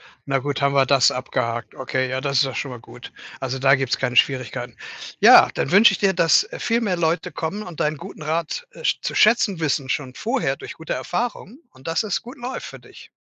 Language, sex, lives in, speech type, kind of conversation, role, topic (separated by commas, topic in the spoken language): German, male, Germany, conversation, advice, advisor, Wie erlebst du den Konflikt zwischen deinen Karrierezielen und deinem Privatleben?